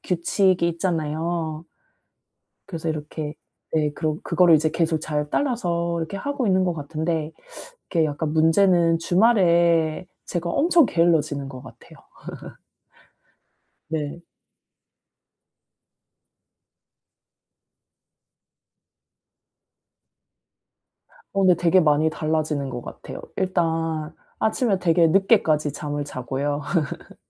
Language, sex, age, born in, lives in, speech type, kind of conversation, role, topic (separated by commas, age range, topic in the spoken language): Korean, female, 35-39, South Korea, South Korea, conversation, advice, user, 주말에도 평일처럼 규칙적으로 식사하는 실용적인 방법이 있을까요?